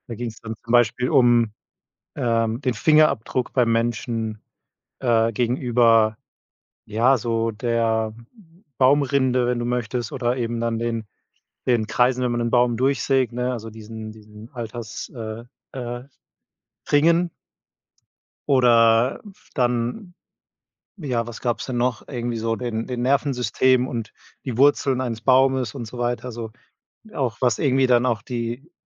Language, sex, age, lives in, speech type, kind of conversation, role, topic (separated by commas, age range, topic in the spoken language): German, male, 30-34, Germany, conversation, podcast, host, Welches Hobby gibt dir am meisten Energie?